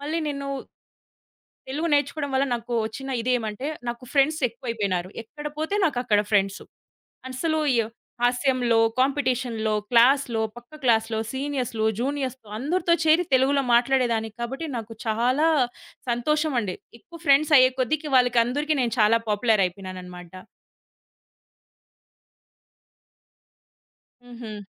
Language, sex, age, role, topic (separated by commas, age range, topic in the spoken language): Telugu, female, 30-34, guest, భాష మారినప్పుడు మీకు ఎలాంటి భావాలు కలిగాయి?